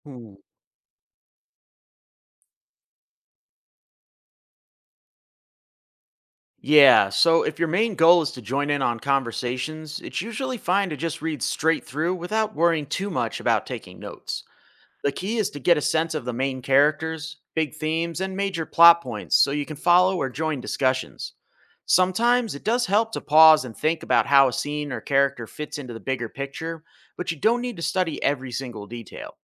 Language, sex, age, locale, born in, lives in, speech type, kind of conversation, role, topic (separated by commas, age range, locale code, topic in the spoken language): English, male, 35-39, en-US, United States, United States, read, expert-assistant, expert, How can I plan a personal reading journey through the classics without feeling overwhelmed?